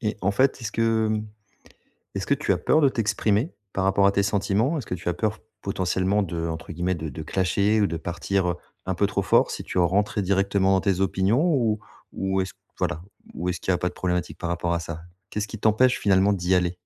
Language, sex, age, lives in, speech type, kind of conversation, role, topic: French, male, 40-44, France, conversation, advice, advisor, Comment caches-tu tes vrais sentiments pour éviter les conflits ?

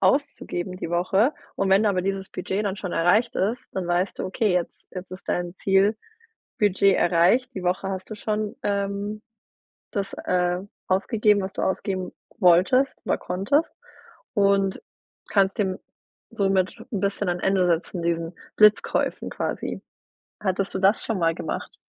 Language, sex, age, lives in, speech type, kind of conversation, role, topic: German, female, 30-34, Germany, conversation, advice, advisor, Wie kann ich meine Kaufentscheidung vor dem Abschluss kritischer hinterfragen?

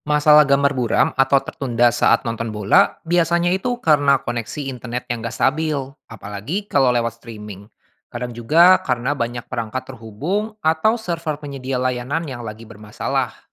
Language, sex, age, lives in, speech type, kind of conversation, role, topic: Indonesian, male, 25-29, Indonesia, read, expert-assistant, expert, Apa yang biasanya menyebabkan gambar televisi tiba-tiba buram atau tertunda saat menonton siaran langsung, dan bagaimana mengetahui sumber masalahnya?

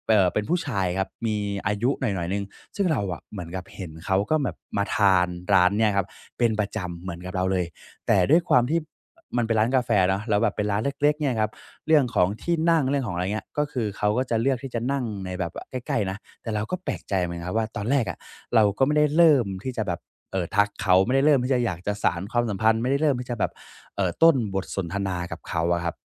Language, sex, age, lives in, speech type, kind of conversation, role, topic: Thai, male, 20-24, Thailand, conversation, podcast, guest, มีวิธีอะไรบ้างที่จะช่วยให้เริ่มต้นคุยกับคนแปลกหน้าได้อย่างเป็นธรรมชาติ?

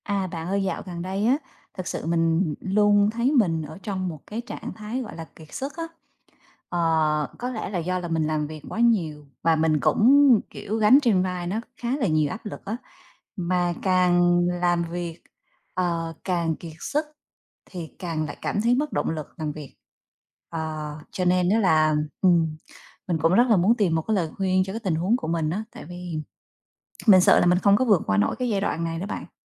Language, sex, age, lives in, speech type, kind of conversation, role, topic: Vietnamese, female, 35-39, Vietnam, conversation, advice, user, Làm sao để vượt qua cảm giác kiệt sức và mất động lực khi làm việc trong một công ty khởi nghiệp?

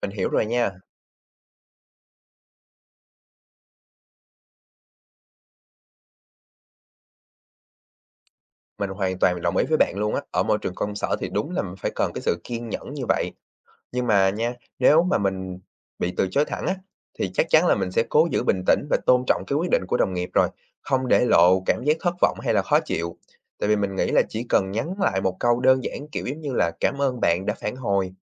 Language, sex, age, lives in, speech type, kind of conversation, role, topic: Vietnamese, male, 20-24, Vietnam, read, expert-assistant, asker, Làm sao giải quyết mâu thuẫn nơi làm việc mà vẫn kiểm soát cảm xúc?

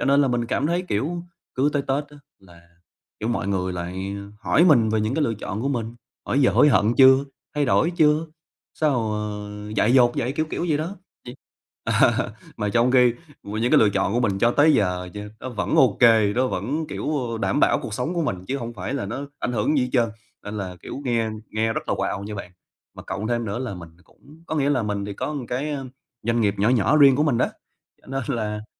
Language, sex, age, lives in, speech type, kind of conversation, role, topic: Vietnamese, male, 25-29, Vietnam, conversation, advice, user, Vì sao tôi thường cảm thấy cô lập mỗi khi đến dịp lễ?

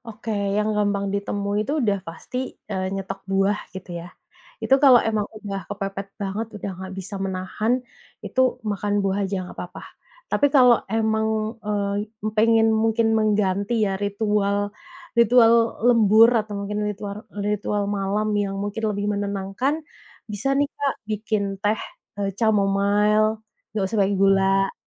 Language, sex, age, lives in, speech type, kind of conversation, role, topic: Indonesian, female, 30-34, Indonesia, conversation, advice, advisor, Mengapa saya sulit berhenti ngemil larut malam meski ingin hidup lebih sehat?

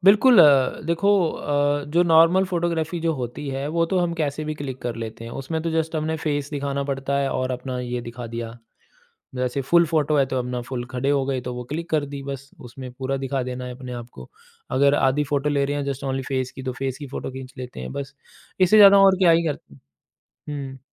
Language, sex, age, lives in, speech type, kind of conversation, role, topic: Hindi, male, 35-39, India, conversation, podcast, guest, Nature photography shuru karne ke liye aap kya basic tips doge?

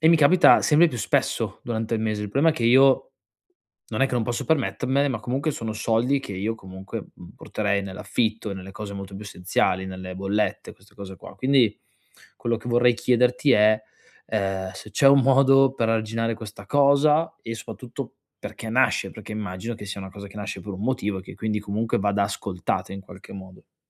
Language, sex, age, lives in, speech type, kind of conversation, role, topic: Italian, male, 25-29, Italy, conversation, advice, user, Come posso evitare di spendere troppo quando sono stressato?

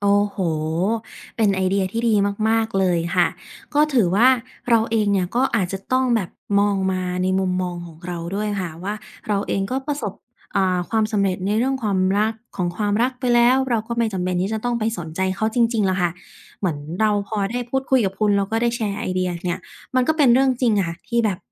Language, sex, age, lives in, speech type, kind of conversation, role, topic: Thai, female, 25-29, Thailand, conversation, advice, user, ฉันควรทำอย่างไรเมื่อจำเป็นต้องเห็นอดีตแฟนกับคนใหม่บนโซเชียลมีเดียทุกวัน?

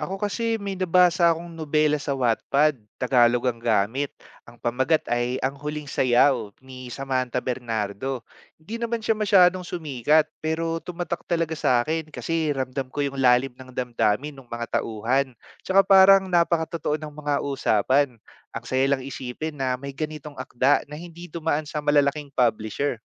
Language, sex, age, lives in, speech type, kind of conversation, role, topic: Filipino, male, 30-34, Philippines, read, expert-assistant, asker, Alin ang mas tumatagos sa damdamin: nobelang isinulat sa Ingles o sa Filipino?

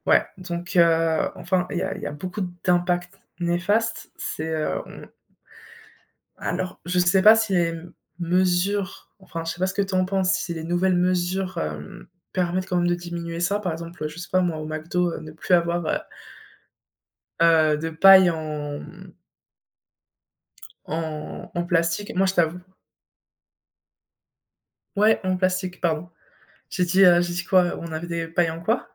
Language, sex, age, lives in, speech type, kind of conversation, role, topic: French, female, 25-29, France, conversation, unstructured, none, Que pensez-vous de l’impact des déchets plastiques sur la nature ?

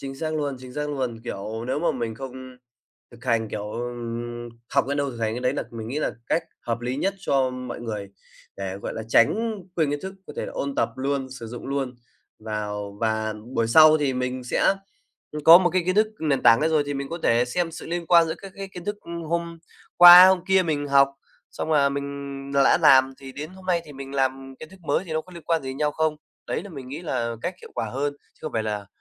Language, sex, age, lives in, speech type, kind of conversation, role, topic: Vietnamese, male, 25-29, Vietnam, conversation, podcast, guest, Làm thế nào để biến kiến thức thành kỹ năng thực tế?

